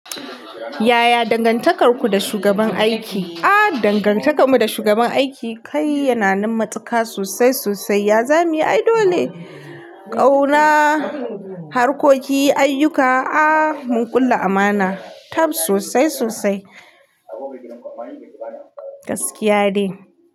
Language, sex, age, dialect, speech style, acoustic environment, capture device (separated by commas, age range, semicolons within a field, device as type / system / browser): Hausa, female, 45-54, Nigeria - Kano, happy; relaxed; excited; focused, music; nature; silence; appliances; people talking, mobile / Linux / Chrome